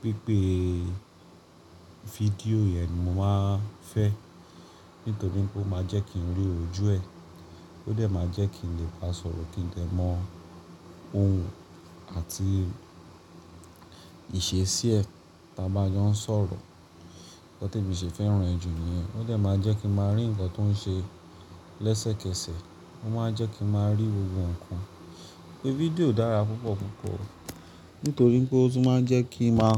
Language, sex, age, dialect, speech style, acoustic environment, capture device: Yoruba, male, 25-34, Nigeria - Lagos Yoruba, focused, appliances, mobile / Linux / Chrome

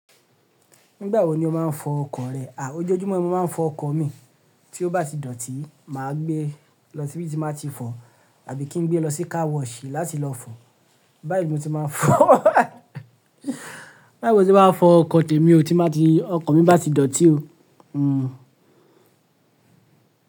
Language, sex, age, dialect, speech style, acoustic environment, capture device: Yoruba, male, 25-34, Nigeria - Lagos Yoruba, happy; relaxed; focused; excited, silence; people talking, mobile / Linux / Chrome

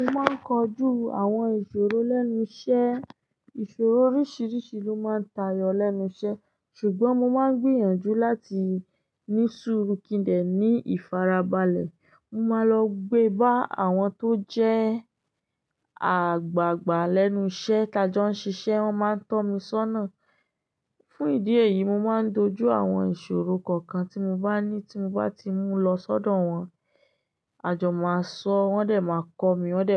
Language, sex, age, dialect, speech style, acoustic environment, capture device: Yoruba, female, 25-34, Nigeria - Ibadan, happy; focused, silence, desktop / Linux / Chrome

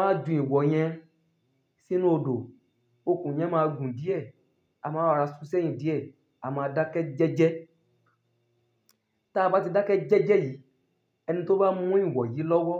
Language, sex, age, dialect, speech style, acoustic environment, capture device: Yoruba, male, 25-34, Nigeria - Ibadan, happy, nature, mobile / Linux / Chrome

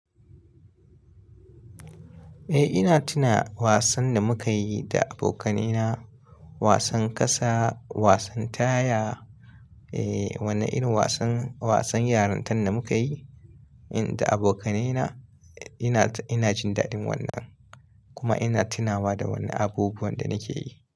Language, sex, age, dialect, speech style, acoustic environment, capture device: Hausa, male, 25-34, Nigeria - Kano, happy, silence, mobile / Linux / Chrome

